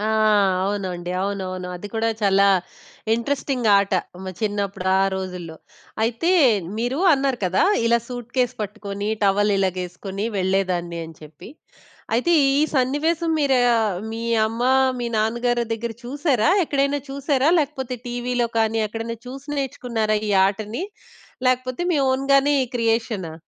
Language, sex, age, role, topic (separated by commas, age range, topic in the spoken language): Telugu, female, 20-24, host, మీకు చిన్నప్పట్లో అత్యంత ఇష్టమైన ఆట ఏది ఇప్పటికీ గుర్తొస్తుందా?